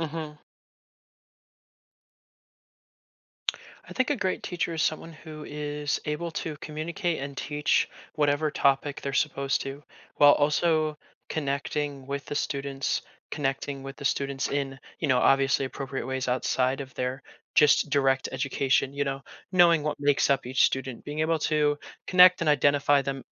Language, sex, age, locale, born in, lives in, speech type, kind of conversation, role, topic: English, male, 20-24, en-US, United States, United States, conversation, podcast, guest, How do teachers inspire and support students to reach their full potential?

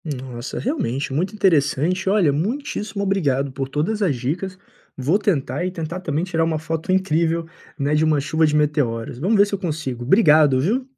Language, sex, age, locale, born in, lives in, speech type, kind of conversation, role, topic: Portuguese, male, 25-29, pt-BR, Brazil, Portugal, read, expert-assistant, asker, Como posso transformar meu interesse por exploração espacial em um aprendizado prático no dia a dia?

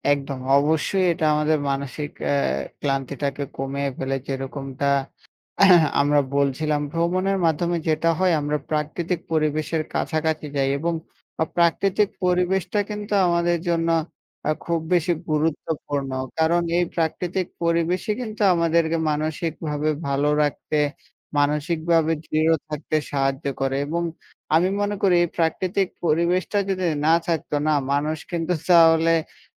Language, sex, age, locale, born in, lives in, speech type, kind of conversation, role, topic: Bengali, male, 20-24, bn-BD, Bangladesh, Bangladesh, conversation, unstructured, none, প্রাকৃতিক দৃশ্য দেখতে আপনি কোন জায়গায় যেতে সবচেয়ে বেশি পছন্দ করেন?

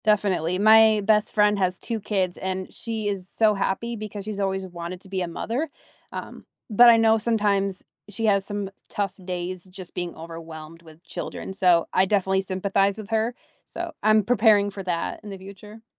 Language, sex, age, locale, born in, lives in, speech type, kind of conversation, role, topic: English, female, 25-29, en-US, United States, United States, conversation, unstructured, none, What scares you about making major changes in your family relationships?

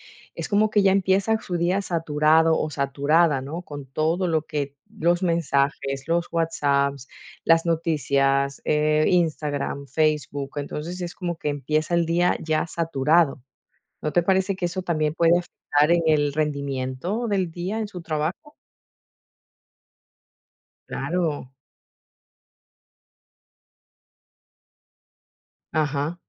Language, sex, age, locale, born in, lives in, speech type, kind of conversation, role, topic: Spanish, female, 40-44, es-EC, Ecuador, Netherlands, conversation, podcast, host, ¿Cómo incorporarías más movimiento en un día sedentario?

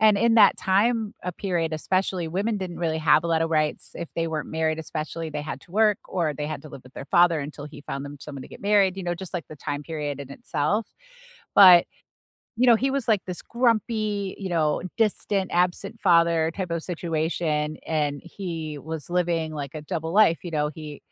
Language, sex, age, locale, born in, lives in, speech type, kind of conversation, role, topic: English, female, 45-49, en-US, United States, United States, conversation, unstructured, none, What story—book, show, or movie—has been living rent-free in your head lately, and why?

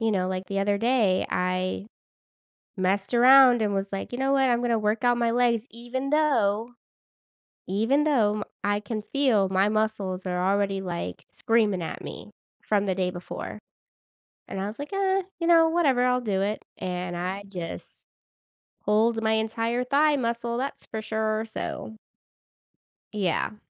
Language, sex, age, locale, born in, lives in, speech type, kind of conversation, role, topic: English, female, 30-34, en-US, United States, United States, conversation, podcast, host, In what ways has making fitness a priority influenced your overall well-being?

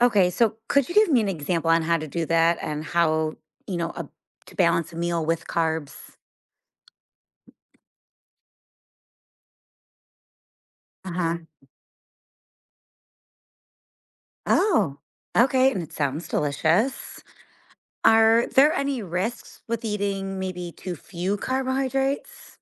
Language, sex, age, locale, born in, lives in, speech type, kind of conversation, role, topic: English, female, 35-39, en-US, United States, United States, read, expert-assistant, asker, Can you explain the role of carbohydrates in our diet?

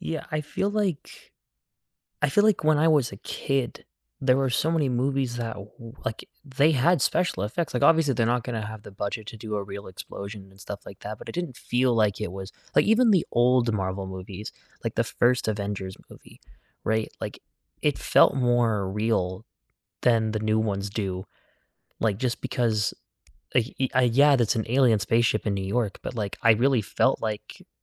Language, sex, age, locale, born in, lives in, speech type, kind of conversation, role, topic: English, male, 20-24, en-US, United States, United States, conversation, unstructured, none, Do you think movies today rely too much on special effects?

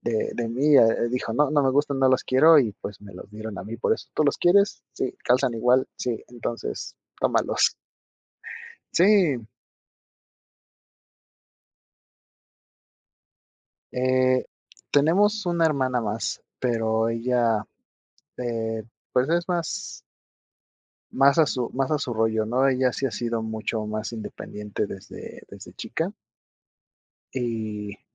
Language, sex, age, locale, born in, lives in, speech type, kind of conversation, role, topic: Spanish, male, 30-34, es-MX, Mexico, Mexico, conversation, advice, user, ¿Qué puedo hacer si me siento excluido de decisiones familiares importantes o de reuniones?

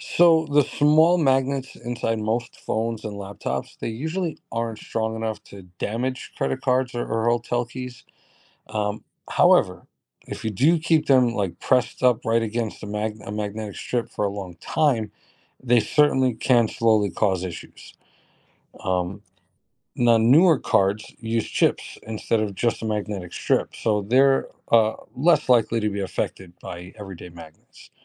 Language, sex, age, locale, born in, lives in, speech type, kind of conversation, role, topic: English, male, 40-44, en-US, United States, United States, read, expert-assistant, expert, What are the principles of magnetism and how do they apply to everyday life?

